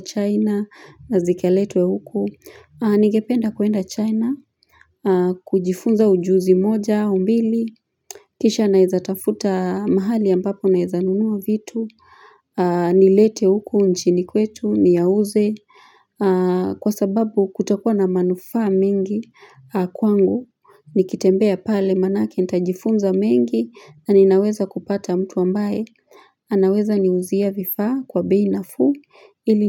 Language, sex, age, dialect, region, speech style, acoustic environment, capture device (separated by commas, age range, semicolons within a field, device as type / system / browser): Swahili, female, 35-44, Kenya - Nairobi Sheng-influenced, Kenya, relaxed, silence, mobile / Linux / Chrome